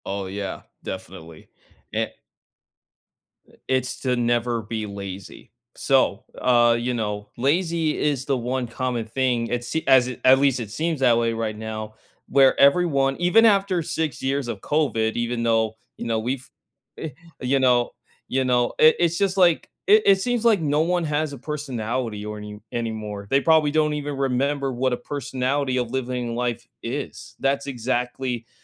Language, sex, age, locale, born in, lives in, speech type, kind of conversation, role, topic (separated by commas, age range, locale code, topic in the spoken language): English, male, 20-24, en-US, United States, United States, conversation, unstructured, none, What’s a conversation you can’t forget, and how did it shape you?